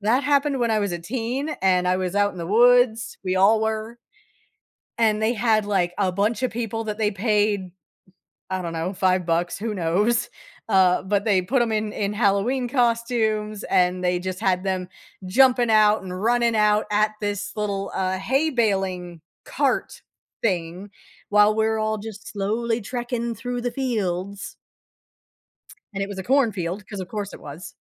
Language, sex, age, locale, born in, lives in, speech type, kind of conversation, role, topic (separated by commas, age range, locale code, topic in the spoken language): English, female, 35-39, en-US, United States, United States, conversation, podcast, guest, How has facing your fears led to personal growth or new opportunities?